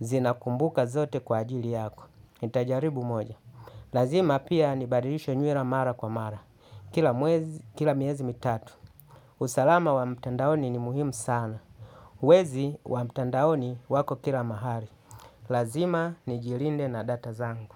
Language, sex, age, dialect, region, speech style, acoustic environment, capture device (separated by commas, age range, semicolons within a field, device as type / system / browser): Swahili, male, 35-44, Tanzania - Dar es Salaam, Tanzania, tired, music, desktop / Linux / Chrome